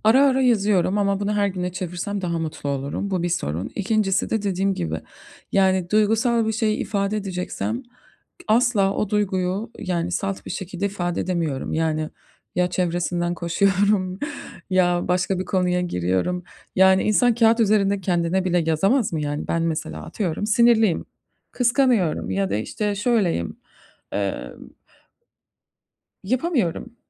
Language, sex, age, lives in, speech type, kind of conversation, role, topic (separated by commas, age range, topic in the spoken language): Turkish, female, 35-39, United States, conversation, advice, user, Her gün yazı yazma ya da günlük tutma alışkanlığını neden bir türlü başlatamıyorum?